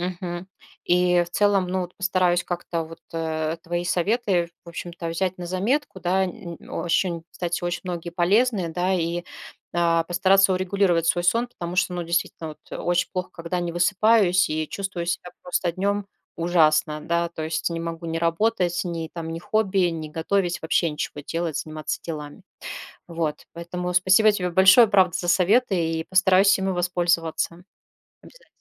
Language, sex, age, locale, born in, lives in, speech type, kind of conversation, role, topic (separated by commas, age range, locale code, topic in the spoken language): Russian, female, 40-44, ru-RU, Russia, Spain, conversation, advice, user, Что именно мешает вам заснуть из-за шума и света в квартире?